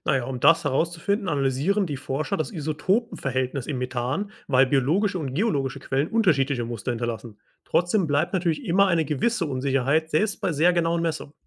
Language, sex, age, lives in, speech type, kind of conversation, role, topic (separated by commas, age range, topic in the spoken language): German, male, 25-29, Germany, read, expert-assistant, expert, Wissenschaftler sind sich nicht immer einig, woran man außerirdisches Leben erkennen würde. Woher kommen diese Unsicherheiten, und wie kann man sie klären?